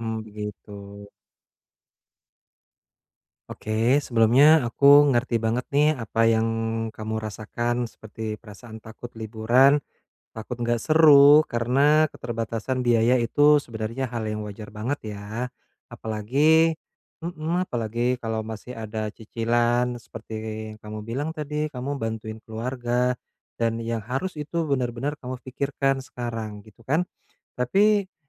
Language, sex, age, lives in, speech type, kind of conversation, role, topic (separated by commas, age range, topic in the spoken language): Indonesian, male, 30-34, Indonesia, conversation, advice, advisor, Bagaimana saya bisa menyesuaikan rencana liburan agar tetap seru dengan anggaran terbatas?